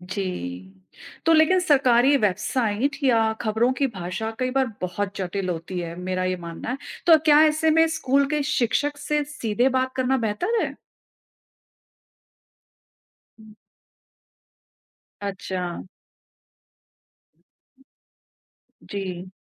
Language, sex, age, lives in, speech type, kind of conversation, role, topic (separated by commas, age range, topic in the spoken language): Hindi, female, 45-49, India, read, expert-assistant, asker, हम आम नागरिक के तौर पर सरकार की नीतियाँ बेहतर ढंग से समझना कैसे सीख सकते हैं?